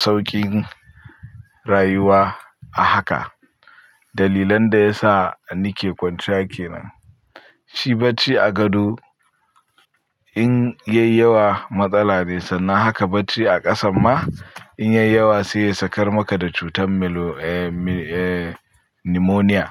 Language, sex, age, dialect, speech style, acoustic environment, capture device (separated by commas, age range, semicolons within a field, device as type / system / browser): Hausa, male, 25-34, Nigeria - Pidgin-influenced, focused, people talking; nature, mobile / Linux / Chrome